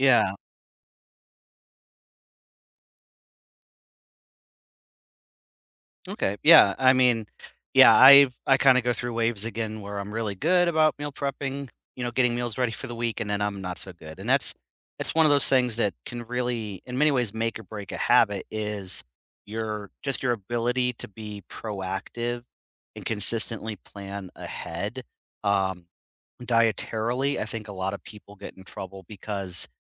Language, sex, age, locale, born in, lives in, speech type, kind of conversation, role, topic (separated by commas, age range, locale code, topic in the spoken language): English, male, 40-44, en-US, United States, United States, conversation, unstructured, none, What is one habit that changed your life?